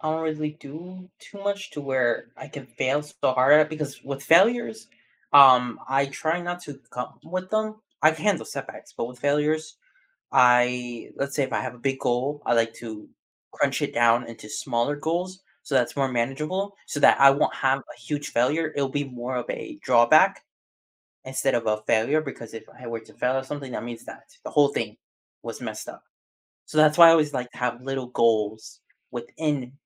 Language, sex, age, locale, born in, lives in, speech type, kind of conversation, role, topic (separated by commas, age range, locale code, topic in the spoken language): English, male, 18-19, en-US, United States, United States, conversation, podcast, guest, How do you handle setbacks?